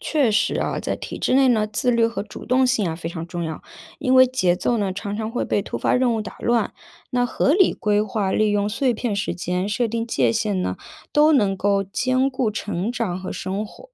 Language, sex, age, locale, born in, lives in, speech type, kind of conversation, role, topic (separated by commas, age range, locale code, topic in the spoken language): Chinese, female, 30-34, zh-CN, China, United States, read, expert-assistant, expert, 为什么有些人觉得公务员的工作很轻松，而有些人却说压力很大？实际情况到底如何？